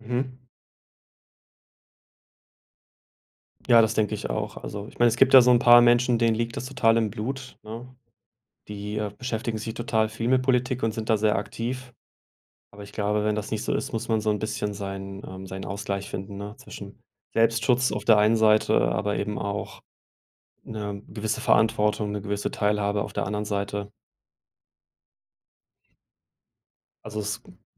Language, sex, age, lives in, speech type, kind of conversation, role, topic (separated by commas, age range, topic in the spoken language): German, male, 35-39, Germany, conversation, unstructured, none, Wie informierst du dich täglich über das aktuelle Geschehen?